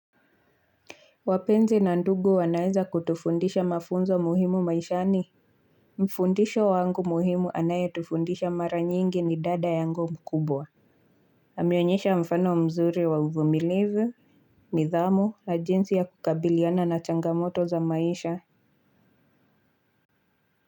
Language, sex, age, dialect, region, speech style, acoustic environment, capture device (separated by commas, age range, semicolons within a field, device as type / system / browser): Swahili, female, 25-34, Kenya - Nairobi Sheng-influenced, Kenya, relaxed, silence, mobile / Linux / Chrome